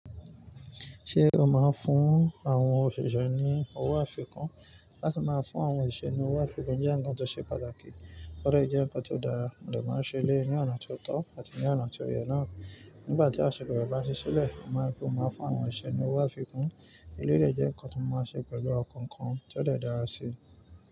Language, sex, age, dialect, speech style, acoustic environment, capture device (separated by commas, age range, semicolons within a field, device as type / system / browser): Yoruba, male, 25-34, Nigeria - Nigerian Standard, excited, nature, mobile / Linux / Chrome